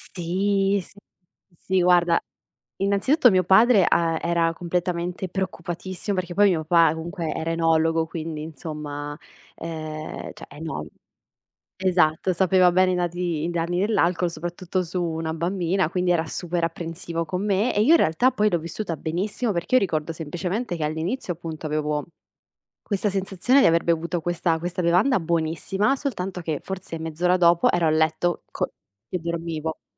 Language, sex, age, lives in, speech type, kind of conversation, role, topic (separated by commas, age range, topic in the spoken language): Italian, female, 25-29, Italy, conversation, podcast, guest, Qual è il ricordo più caro della tua infanzia?